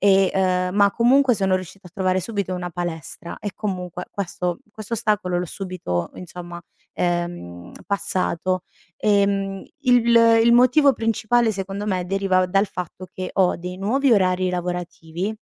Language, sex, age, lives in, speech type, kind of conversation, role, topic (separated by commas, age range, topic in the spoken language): Italian, female, 30-34, Italy, conversation, advice, user, Perché non riesci a rispettare una dieta o un programma di allenamento?